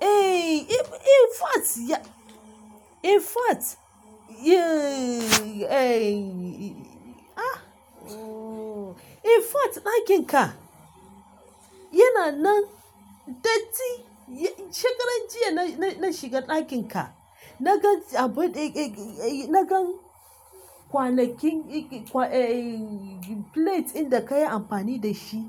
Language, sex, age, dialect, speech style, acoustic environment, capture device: Hausa, female, 25-34, Nigeria - Lagos Yoruba, relaxed, silence, mobile / Linux / Chrome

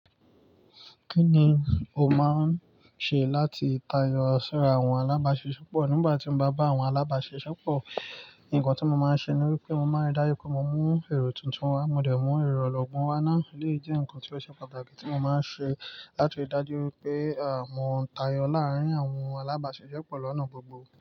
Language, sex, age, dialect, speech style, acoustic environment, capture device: Yoruba, male, 25-34, Nigeria - Nigerian Standard, relaxed, nature, mobile / Linux / Chrome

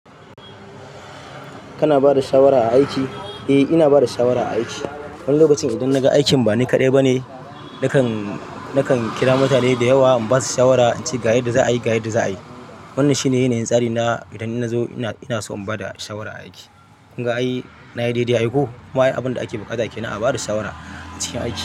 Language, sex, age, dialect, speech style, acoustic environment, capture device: Hausa, male, 25-34, Nigeria - Kano, relaxed, traffic; silence, desktop / Linux / Chrome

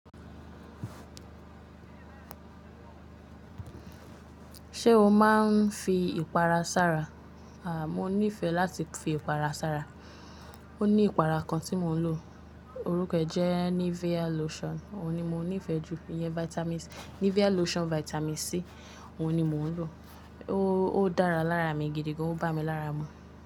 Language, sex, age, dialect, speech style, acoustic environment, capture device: Yoruba, female, 25-34, Nigeria - Lagos Yoruba, relaxed; focused, appliances; nature, mobile / Linux / Chrome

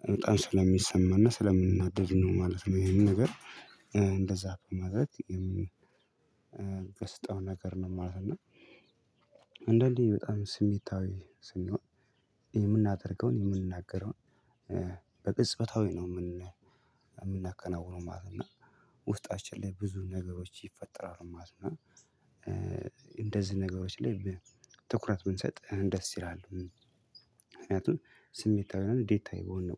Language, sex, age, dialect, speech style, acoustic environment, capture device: Amharic, female, 25-34, Ethiopia - Addis Ababa, happy, silence, mobile / Linux / Chrome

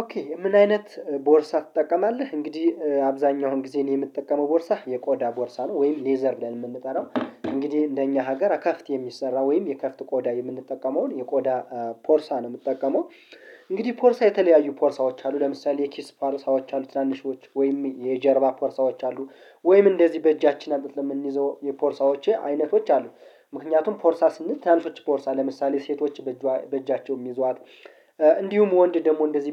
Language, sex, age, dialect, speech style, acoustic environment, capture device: Amharic, male, 25-34, Ethiopia - Gondar, relaxed, nature, mobile / Linux / Chrome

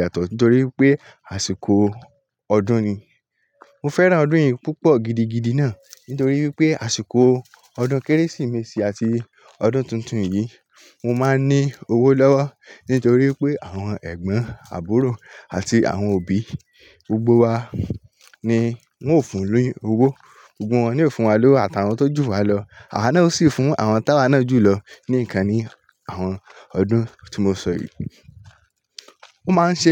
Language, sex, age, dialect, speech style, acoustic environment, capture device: Yoruba, male, 18-24, Nigeria - Lagos Yoruba, happy; relaxed, traffic, mobile / Linux / Chrome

